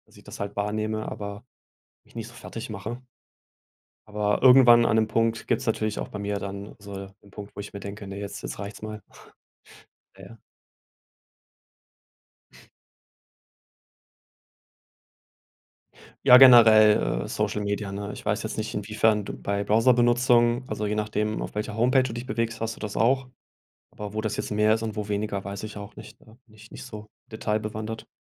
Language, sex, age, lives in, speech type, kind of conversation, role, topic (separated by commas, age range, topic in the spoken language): German, male, 35-39, Germany, conversation, unstructured, none, Wie informierst du dich täglich über das aktuelle Geschehen?